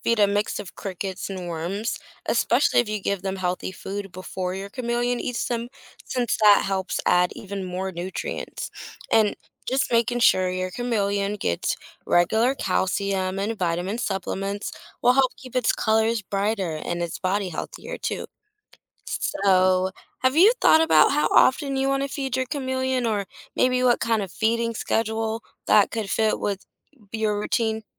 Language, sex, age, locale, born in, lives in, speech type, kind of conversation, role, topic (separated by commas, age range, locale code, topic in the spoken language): English, female, 25-29, en-US, United States, United States, read, expert-assistant, expert, What makes a chameleon change its color?